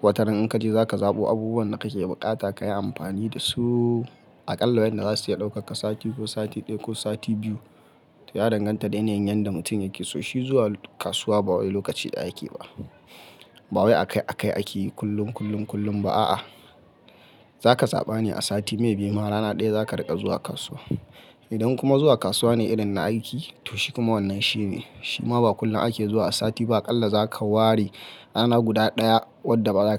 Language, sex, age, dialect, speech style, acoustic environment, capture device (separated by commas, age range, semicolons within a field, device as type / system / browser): Hausa, male, 25-34, Nigeria - Kano, happy, silence, mobile / Linux / Chrome